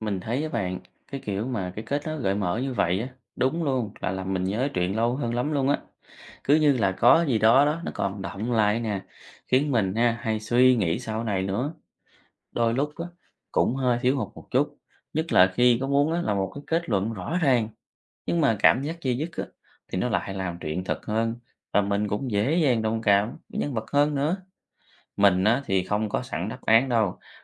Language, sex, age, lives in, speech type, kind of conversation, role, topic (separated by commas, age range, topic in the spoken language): Vietnamese, male, 30-34, Vietnam, read, expert-assistant, asker, Văn học Việt Nam hiện đại phản ánh sự thay đổi giá trị truyền thống như thế nào?